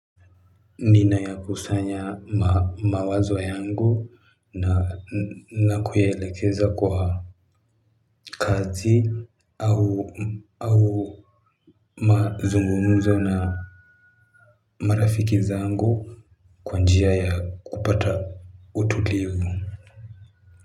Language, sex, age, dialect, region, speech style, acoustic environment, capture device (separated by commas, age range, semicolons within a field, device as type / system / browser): Swahili, male, 18-24, Kenya - Nairobi Sheng-influenced, Kenya, anxious, people talking, mobile / Linux / Chrome